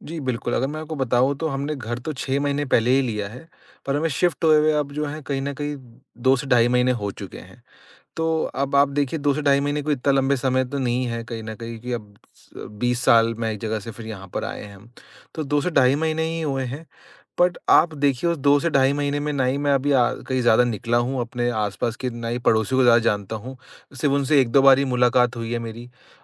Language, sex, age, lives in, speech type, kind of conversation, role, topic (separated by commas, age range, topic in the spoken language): Hindi, male, 25-29, India, conversation, advice, user, घर बदलने के बाद नए इलाके में जुड़ने में क्या मुश्किलें आ रही हैं?